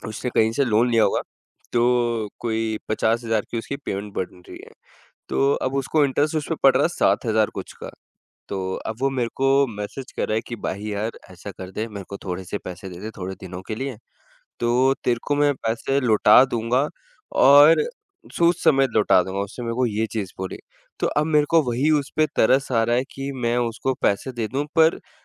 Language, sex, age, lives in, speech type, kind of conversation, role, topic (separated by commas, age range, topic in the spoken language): Hindi, male, 20-24, India, conversation, advice, user, आप बार-बार पुरानी बुरी आदतों पर कैसे लौट आते हैं?